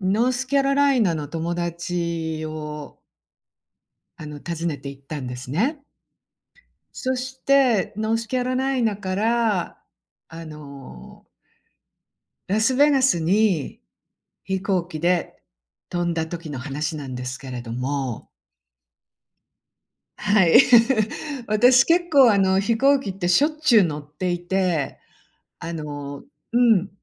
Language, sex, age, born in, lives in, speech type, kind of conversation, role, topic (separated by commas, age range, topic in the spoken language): Japanese, female, 60-64, Japan, United States, conversation, podcast, guest, その間違いから何を学びましたか？